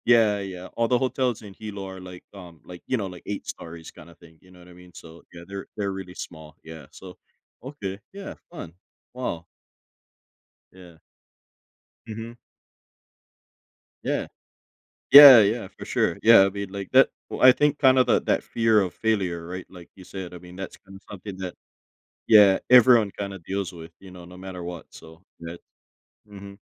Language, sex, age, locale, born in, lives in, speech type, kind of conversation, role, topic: English, male, 35-39, en-US, United States, United States, conversation, podcast, host, What helps people move past fear when facing new challenges?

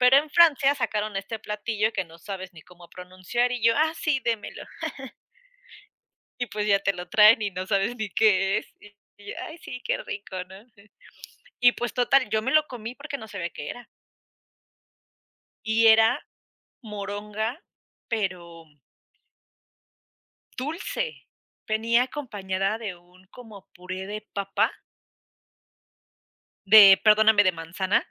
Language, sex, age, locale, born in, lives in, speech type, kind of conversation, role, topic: Spanish, female, 25-29, es-US, Mexico, United States, conversation, unstructured, none, ¿Qué es lo más extraño que has comido durante un viaje?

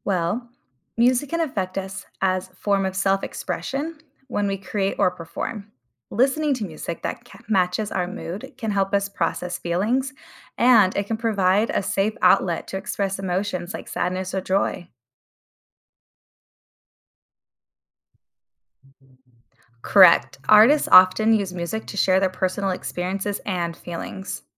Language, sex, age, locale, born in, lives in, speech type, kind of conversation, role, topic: English, female, 30-34, en-US, United States, United States, read, expert-assistant, expert, Explain how music influences moods and emotions.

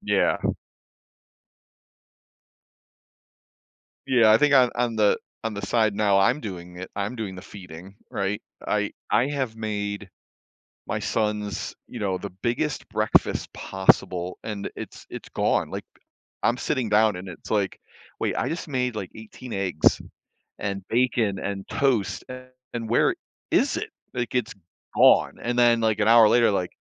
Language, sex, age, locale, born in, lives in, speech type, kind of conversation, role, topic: English, male, 55-59, en-US, United States, United States, conversation, podcast, guest, How do shared experiences and memories make certain meals more meaningful?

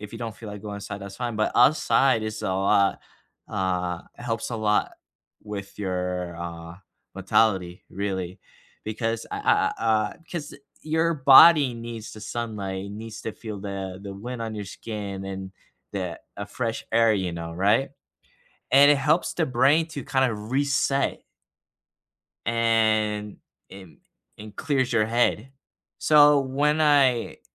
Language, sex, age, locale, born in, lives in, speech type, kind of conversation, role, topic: English, male, 25-29, en-US, United States, United States, conversation, unstructured, none, What helps you relax after a stressful day?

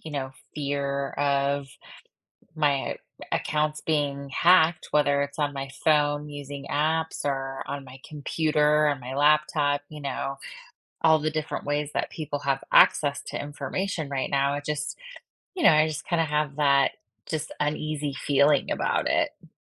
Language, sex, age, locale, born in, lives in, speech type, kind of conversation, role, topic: English, female, 40-44, en-US, United States, United States, conversation, advice, user, How can I protect my online accounts and manage my passwords securely?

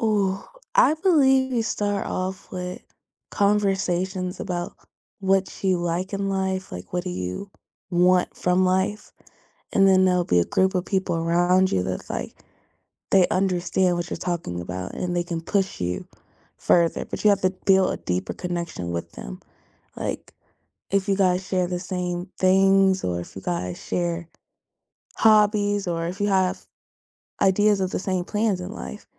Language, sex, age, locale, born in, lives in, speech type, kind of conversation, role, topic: English, female, 18-19, en-US, United States, United States, conversation, unstructured, none, How do you build a network of people who truly help you grow, not just show up?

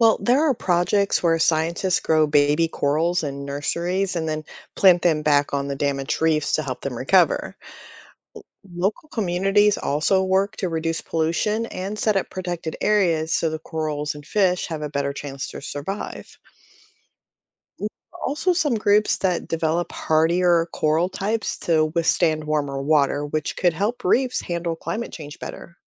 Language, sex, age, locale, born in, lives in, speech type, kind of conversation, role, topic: English, female, 45-49, en-US, United States, United States, read, expert-assistant, expert, How do coral reefs form and why are they important?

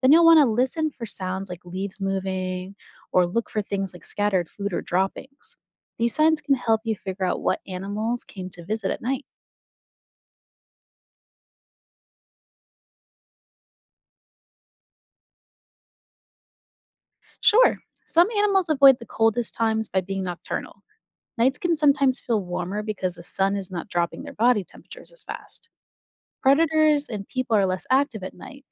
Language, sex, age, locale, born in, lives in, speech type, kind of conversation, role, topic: English, female, 30-34, en-US, United States, United States, read, expert-assistant, expert, Why do some animals hibernate during the winter rather than staying active?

